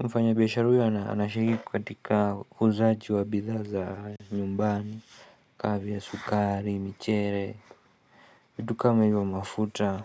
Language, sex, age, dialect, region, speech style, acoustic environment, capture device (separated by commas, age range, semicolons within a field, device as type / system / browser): Swahili, male, 25-34, Kenya - Nairobi Sheng-influenced, Kenya, excited, silence, mobile / Linux / Chrome